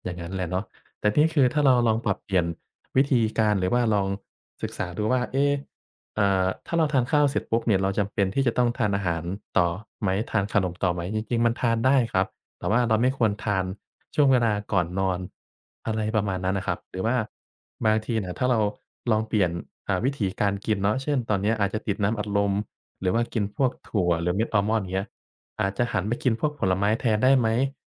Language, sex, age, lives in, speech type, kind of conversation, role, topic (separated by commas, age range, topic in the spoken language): Thai, male, 25-29, Thailand, conversation, advice, advisor, ฉันตั้งใจลดน้ำหนัก แต่ทำไมถึงหยุดกินของหวานตอนกลางคืนไม่ได้?